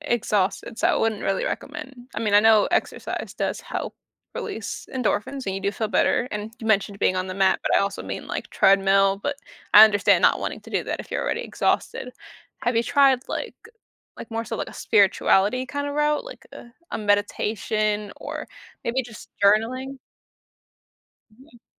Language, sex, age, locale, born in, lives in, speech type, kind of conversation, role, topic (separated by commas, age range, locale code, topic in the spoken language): English, female, 20-24, en-US, United States, United States, conversation, advice, advisor, How do I clarify my core values and set priorities that reflect them?